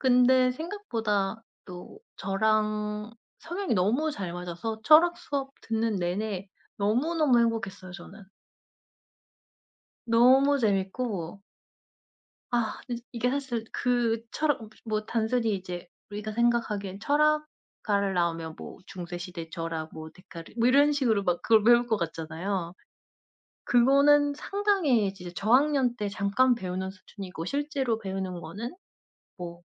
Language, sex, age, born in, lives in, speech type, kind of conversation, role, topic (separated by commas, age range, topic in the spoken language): Korean, female, 35-39, South Korea, South Korea, conversation, unstructured, none, 학교 다닐 때 가장 기억에 남는 수업은 무엇이었나요?